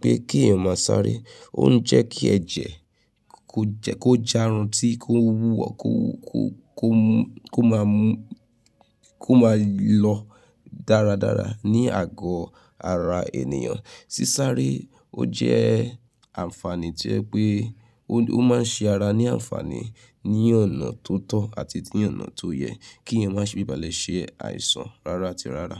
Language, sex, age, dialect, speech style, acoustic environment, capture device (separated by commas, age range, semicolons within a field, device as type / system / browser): Yoruba, female, 35-44, Nigeria - Nigerian Standard, relaxed, silence, mobile / Linux / Chrome